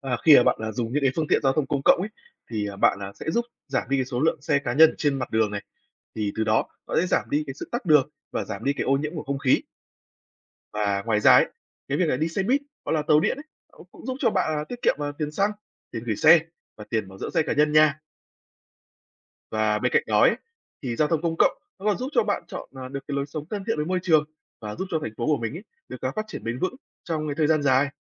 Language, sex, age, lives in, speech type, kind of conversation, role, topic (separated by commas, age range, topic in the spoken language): Vietnamese, male, 20-24, Vietnam, read, expert-assistant, expert, Tại sao nên sử dụng phương tiện giao thông công cộng?